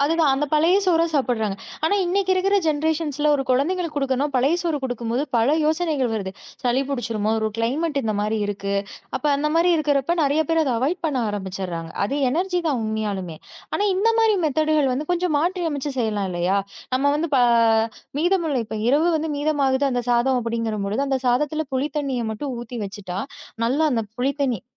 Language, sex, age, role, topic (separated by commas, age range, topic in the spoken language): Tamil, female, 30-34, guest, வீட்டில் மீதமுள்ள உணவு வீணாகாமல் இருக்க நீங்கள் என்னென்ன வழிகளைக் கடைப்பிடிக்கிறீர்கள்?